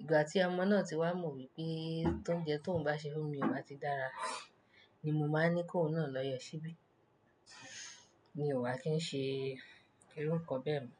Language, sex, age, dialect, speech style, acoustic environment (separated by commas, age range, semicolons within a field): Yoruba, female, 25-34, Nigeria - Nigerian Standard, happy; relaxed, silence